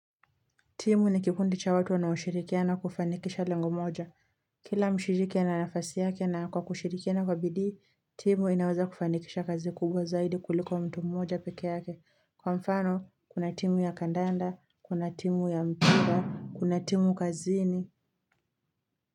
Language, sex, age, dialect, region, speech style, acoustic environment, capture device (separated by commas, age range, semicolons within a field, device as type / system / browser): Swahili, female, 18-24, Kenya - Mombasa, Kenya, relaxed, traffic, mobile / Linux / Chrome